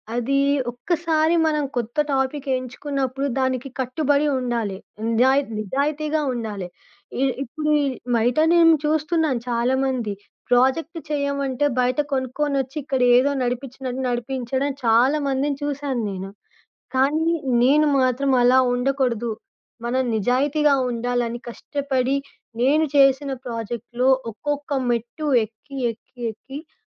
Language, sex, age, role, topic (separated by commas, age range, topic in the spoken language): Telugu, female, 25-29, guest, ఒక సంఘటన వల్ల మీ మనసు మారిపోయిన అనుభవాన్ని చెప్పగలరా?